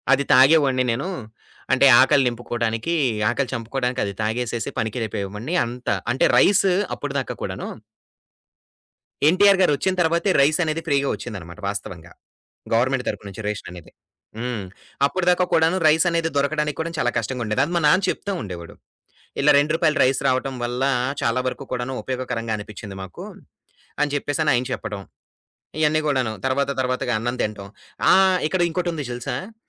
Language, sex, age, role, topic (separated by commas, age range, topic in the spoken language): Telugu, male, 25-29, guest, మన కుటుంబ కథలు ఏంటో చెప్పగలవా?